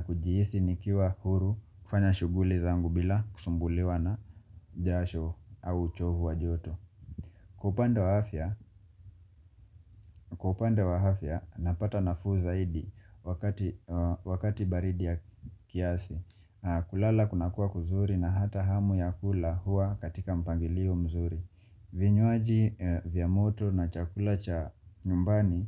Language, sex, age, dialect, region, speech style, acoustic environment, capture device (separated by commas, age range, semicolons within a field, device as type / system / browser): Swahili, male, 25-34, Kenya - Mombasa, Kenya, relaxed, silence, mobile / Linux / Chrome